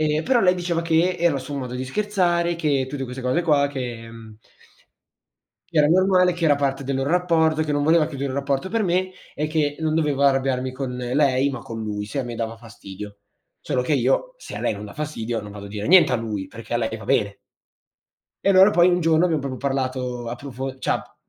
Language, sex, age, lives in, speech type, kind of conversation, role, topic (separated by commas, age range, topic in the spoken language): Italian, male, 18-19, Italy, conversation, unstructured, none, Come si può migliorare la comunicazione con il partner?